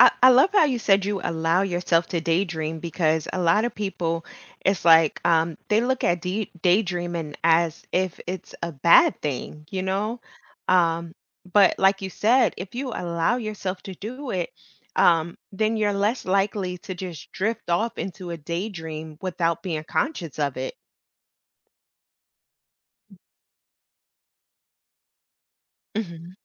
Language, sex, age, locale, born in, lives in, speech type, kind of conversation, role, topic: English, female, 35-39, en-US, United States, United States, conversation, podcast, host, What habits and changes can help us stay focused throughout the day?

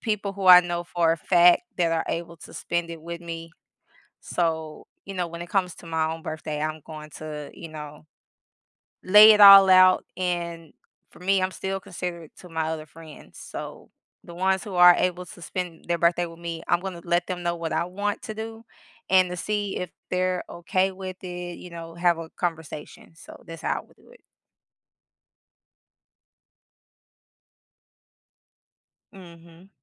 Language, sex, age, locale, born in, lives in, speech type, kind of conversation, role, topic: English, female, 35-39, en-US, United States, United States, conversation, unstructured, none, What makes a celebration meaningful to you when spending time with others?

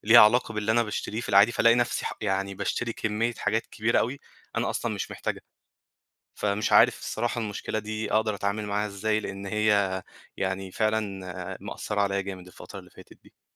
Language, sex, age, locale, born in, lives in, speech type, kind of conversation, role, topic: Arabic, male, 25-29, ar-EG, Egypt, Egypt, conversation, advice, user, إزاي أتسوّق بذكاء وأوفّر وقتي وفلوسي من غير ما أشتري حاجات مش محتاجها؟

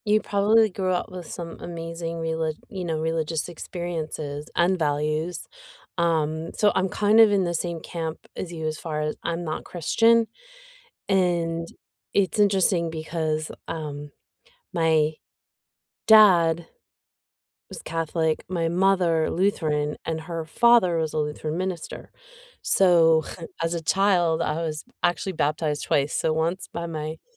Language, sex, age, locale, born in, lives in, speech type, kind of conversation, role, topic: English, female, 50-54, en-US, United States, United States, conversation, unstructured, none, What makes people so protective of their religious beliefs?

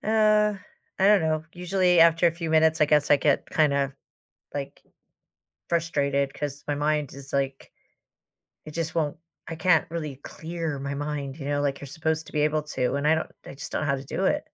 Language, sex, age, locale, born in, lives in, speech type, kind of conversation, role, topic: English, female, 55-59, en-US, United States, United States, conversation, advice, user, How can I be more mindful during my least present moments?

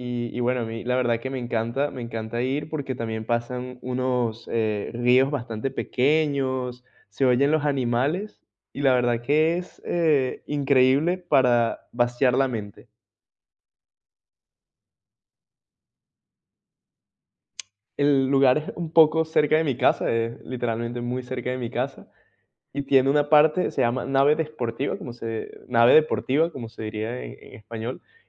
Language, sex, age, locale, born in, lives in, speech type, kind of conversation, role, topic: Spanish, male, 20-24, es-VE, Venezuela, Portugal, conversation, podcast, guest, ¿Cómo te hace sentir conectar con el paisaje cuando necesitas calma?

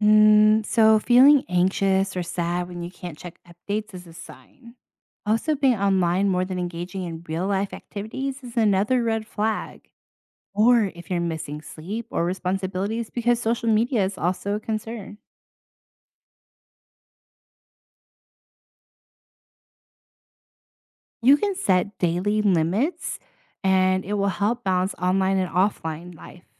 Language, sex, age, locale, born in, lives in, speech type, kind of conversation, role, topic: English, female, 30-34, en-US, United States, United States, read, expert-assistant, expert, What are the risks and benefits of social media?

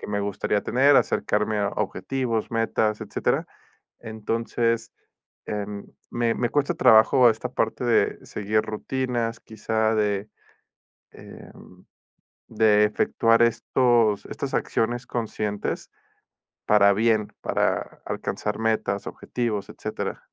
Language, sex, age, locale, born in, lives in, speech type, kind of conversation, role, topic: Spanish, male, 30-34, es-MX, Mexico, Mexico, conversation, advice, user, ¿Cómo puedo reemplazar mis impulsos automáticos por acciones conscientes?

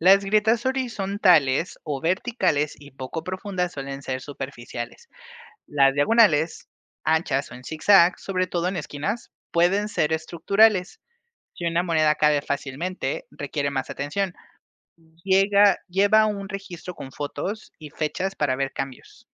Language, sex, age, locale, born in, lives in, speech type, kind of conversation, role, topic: Spanish, male, 25-29, es-MX, Mexico, Mexico, read, expert-assistant, expert, ¿Cómo puedo proteger mi propiedad ante el riesgo de terremotos si vivo en una zona sísmica?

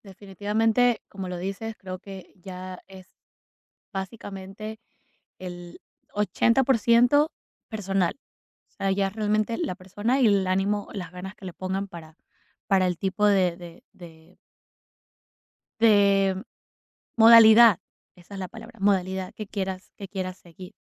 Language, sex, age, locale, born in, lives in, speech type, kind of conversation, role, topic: Spanish, female, 25-29, es-EC, Ecuador, United States, conversation, podcast, host, ¿Qué opinas sobre el aprendizaje en línea en comparación con las clases presenciales?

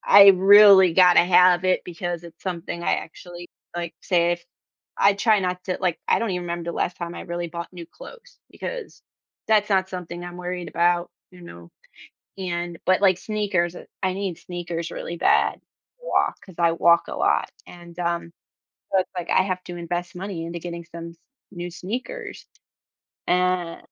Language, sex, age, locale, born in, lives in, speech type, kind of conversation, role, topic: English, female, 35-39, en-US, United States, United States, conversation, podcast, guest, How can understanding credit cards help people make better financial decisions?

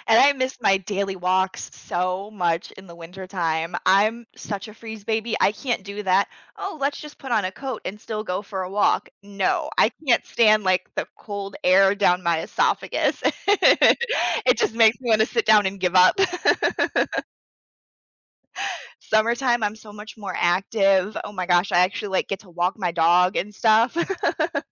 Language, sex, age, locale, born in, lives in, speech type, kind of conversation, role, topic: English, female, 30-34, en-US, United States, United States, conversation, unstructured, none, Would you rather live in a world with only summer or only winter?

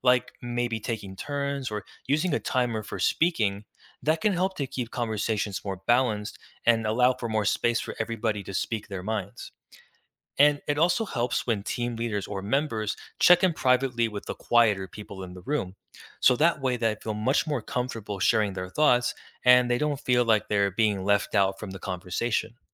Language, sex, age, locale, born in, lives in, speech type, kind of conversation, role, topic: English, male, 20-24, en-US, United States, United States, read, expert-assistant, expert, How does teamwork help people accomplish difficult tasks?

